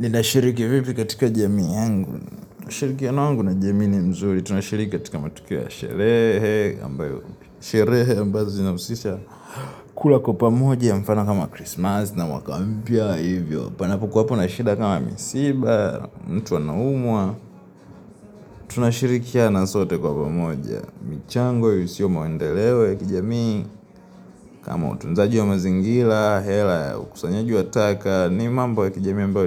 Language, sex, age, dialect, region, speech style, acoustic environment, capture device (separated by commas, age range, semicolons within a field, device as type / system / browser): Swahili, male, 18-24, Tanzania - Dar es Salaam, Tanzania, happy; relaxed, music, mobile / Linux / Chrome